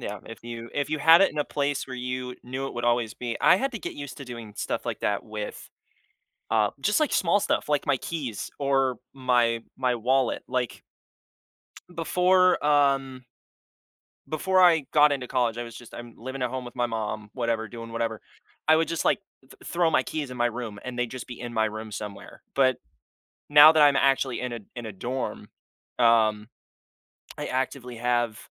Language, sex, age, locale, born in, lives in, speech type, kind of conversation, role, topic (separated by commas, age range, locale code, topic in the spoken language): English, male, 20-24, en-US, United States, United States, conversation, podcast, host, What morning habits help you feel your best throughout the day?